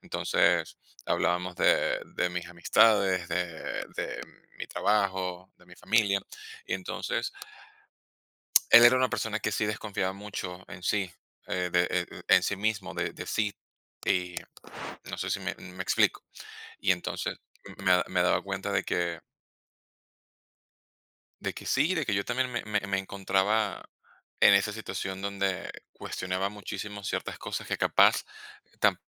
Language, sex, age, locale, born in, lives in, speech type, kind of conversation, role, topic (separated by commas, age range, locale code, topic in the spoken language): Spanish, male, 30-34, es-VE, Venezuela, Spain, conversation, advice, user, ¿Cómo has vivido la baja autoestima después de una ruptura amorosa reciente?